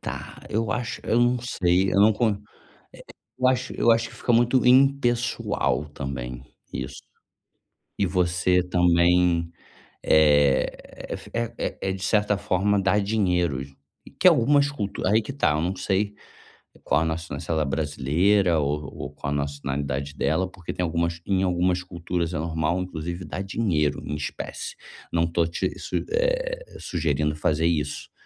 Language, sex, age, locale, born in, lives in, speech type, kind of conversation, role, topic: Portuguese, male, 35-39, pt-BR, Brazil, Germany, conversation, advice, advisor, Como escolher o presente ideal para alguém com gostos diferentes dos meus?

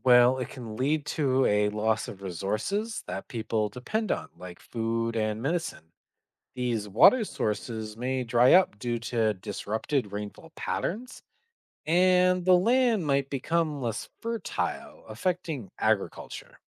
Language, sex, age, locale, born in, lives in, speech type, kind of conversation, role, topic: English, male, 25-29, en-CA, Canada, United States, read, expert-assistant, expert, What are the effects of deforestation on the environment?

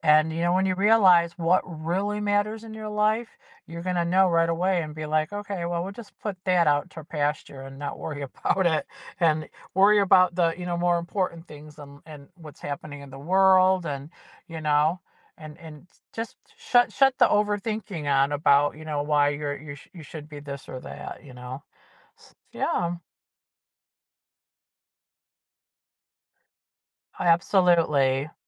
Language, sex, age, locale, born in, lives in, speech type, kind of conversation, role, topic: English, female, 55-59, en-US, United States, United States, conversation, podcast, guest, What are some effective ways to develop and sustain self-confidence?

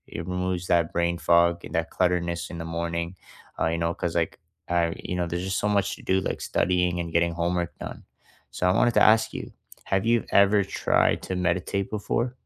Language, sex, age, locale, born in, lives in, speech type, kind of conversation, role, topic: English, male, 20-24, en-CA, Canada, Canada, conversation, unstructured, none, What small morning rituals brighten your day and set the tone?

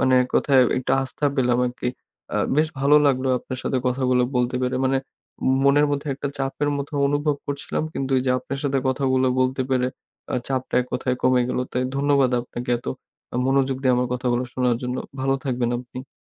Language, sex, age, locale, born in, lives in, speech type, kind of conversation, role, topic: Bengali, male, 20-24, bn-BD, Bangladesh, Bangladesh, conversation, advice, user, খাদ্যাভাস ও ব্যায়াম ঠিকমতো মিলিয়ে চলেও কেন প্রত্যাশিত ফল পাচ্ছি না বলে মনে হচ্ছে?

